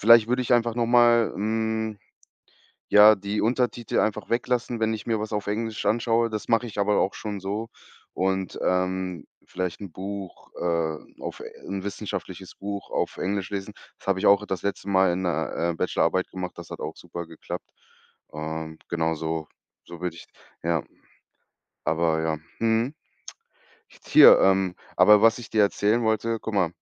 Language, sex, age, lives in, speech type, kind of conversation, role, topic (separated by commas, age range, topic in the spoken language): German, male, 25-29, Germany, conversation, podcast, guest, Wie entscheidest du, was du als Nächstes lernen möchtest?